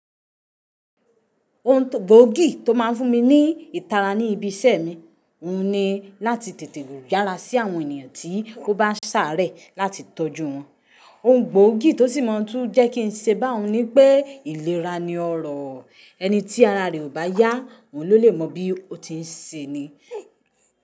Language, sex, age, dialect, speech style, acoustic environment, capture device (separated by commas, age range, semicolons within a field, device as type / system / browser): Yoruba, female, 25-34, Nigeria - Ibadan, happy; relaxed; focused; excited, silence, mobile / Linux / Chrome